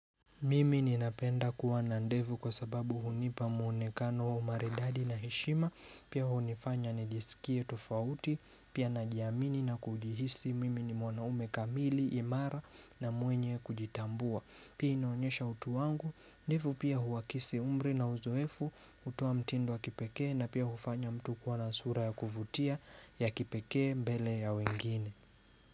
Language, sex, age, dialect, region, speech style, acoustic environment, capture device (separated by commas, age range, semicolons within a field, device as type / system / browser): Swahili, male, 25-34, Kenya - Nairobi Sheng-influenced, Kenya, relaxed; focused; excited, nature; silence, mobile / Linux / Chrome